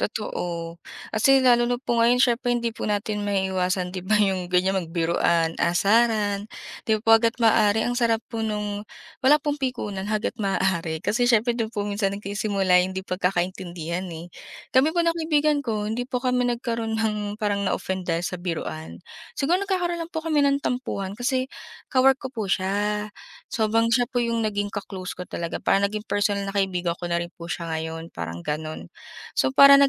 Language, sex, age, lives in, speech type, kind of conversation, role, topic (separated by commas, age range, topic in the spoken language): Filipino, female, 25-29, Philippines, conversation, unstructured, none, Paano mo ipinapakita ang tunay mong sarili sa ibang tao?